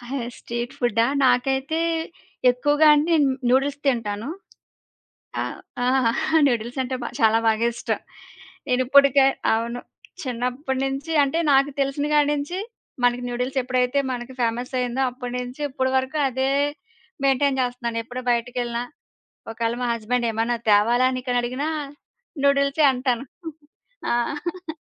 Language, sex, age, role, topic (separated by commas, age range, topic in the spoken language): Telugu, female, 30-34, guest, మీకు ఇష్టమైన వీధి ఆహారం ఏది, ఎందుకు?